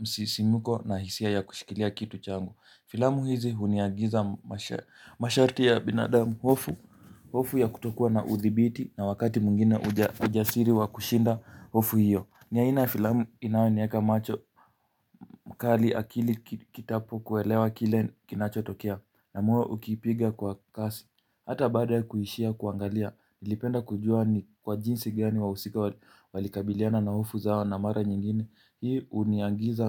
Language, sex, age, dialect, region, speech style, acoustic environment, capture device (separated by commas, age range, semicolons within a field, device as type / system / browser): Swahili, male, 18-24, Kenya - Mombasa, Kenya, focused, nature, mobile / Linux / Chrome